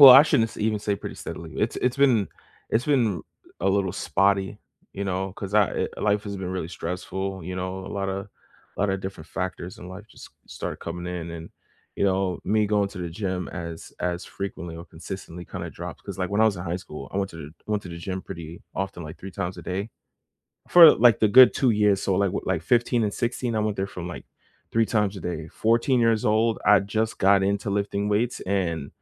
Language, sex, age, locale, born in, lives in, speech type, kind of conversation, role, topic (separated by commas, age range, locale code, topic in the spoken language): English, male, 25-29, en-US, United States, United States, conversation, unstructured, none, What kinds of movement do you genuinely enjoy and make time for most weeks, and why do they stick?